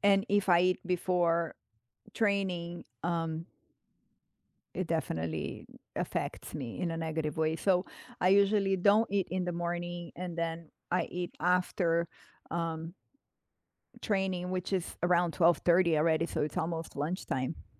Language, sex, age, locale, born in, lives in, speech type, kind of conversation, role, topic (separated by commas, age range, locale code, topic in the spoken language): English, female, 45-49, en-BR, Brazil, United States, conversation, unstructured, none, How do you manage stress during a busy day?